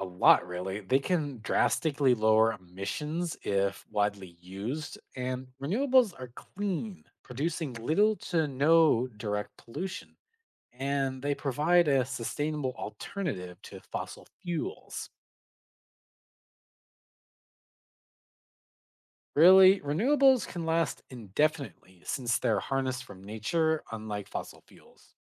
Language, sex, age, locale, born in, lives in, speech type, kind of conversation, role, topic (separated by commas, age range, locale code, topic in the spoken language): English, male, 25-29, en-CA, Canada, United States, read, expert-assistant, expert, Explain how renewable energy sources can combat climate change.